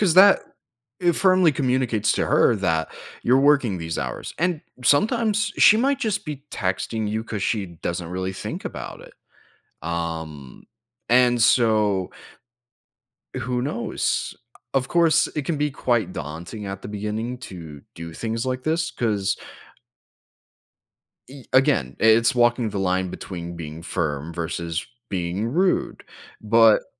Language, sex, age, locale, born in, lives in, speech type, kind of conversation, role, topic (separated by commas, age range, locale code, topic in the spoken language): English, male, 20-24, en-US, United States, United States, conversation, advice, advisor, How can I say no to extra requests without feeling guilty or hurting others?